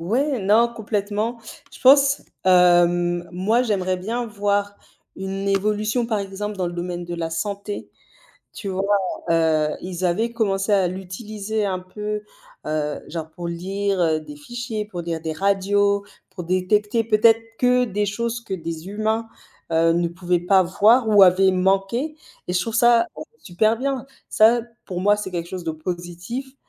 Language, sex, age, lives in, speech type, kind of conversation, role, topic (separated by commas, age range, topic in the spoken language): French, female, 35-39, Spain, conversation, unstructured, none, Comment la technologie change-t-elle ta vie quotidienne ?